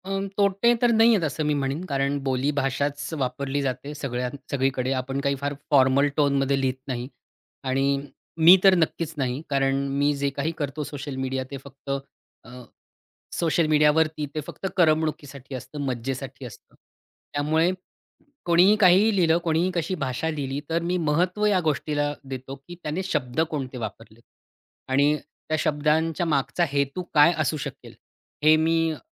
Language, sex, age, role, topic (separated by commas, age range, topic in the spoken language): Marathi, male, 40-44, guest, तुम्ही समाजमाध्यमांवर भाषा कशी वापरता, आणि वेगवेगळ्या माध्यमांमध्ये त्यात काय फरक जाणवतो?